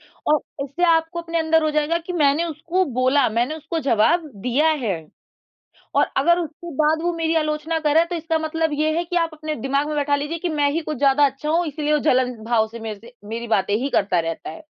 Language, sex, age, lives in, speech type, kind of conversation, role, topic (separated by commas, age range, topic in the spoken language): Hindi, female, 20-24, India, conversation, advice, advisor, क्या आप लोगों की आलोचना के डर से अपनी बात नहीं रख पाते हैं?